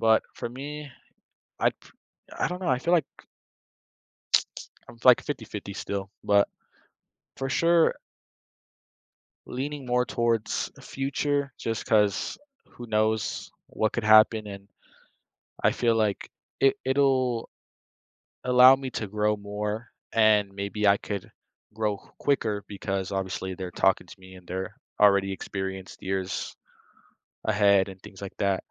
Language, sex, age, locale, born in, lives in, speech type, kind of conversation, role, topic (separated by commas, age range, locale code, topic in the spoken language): English, male, 18-19, en-US, United States, United States, conversation, unstructured, none, Would you rather have a one-minute conversation with your future self or your past self?